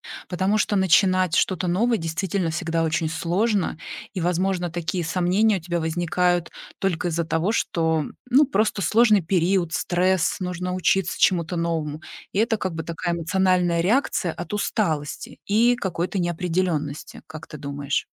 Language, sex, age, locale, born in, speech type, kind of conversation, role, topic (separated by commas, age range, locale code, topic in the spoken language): Russian, female, 40-44, ru-RU, Russia, conversation, advice, advisor, Как справиться с сомнениями после смены карьеры и понять, правильный ли выбор я сделал?